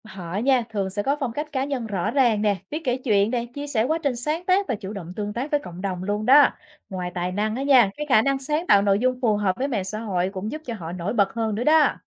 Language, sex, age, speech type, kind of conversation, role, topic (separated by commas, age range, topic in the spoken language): Vietnamese, female, 35-39, read, expert-assistant, expert, Nghệ thuật có mất đi giá trị thật khi trở thành hàng hóa không?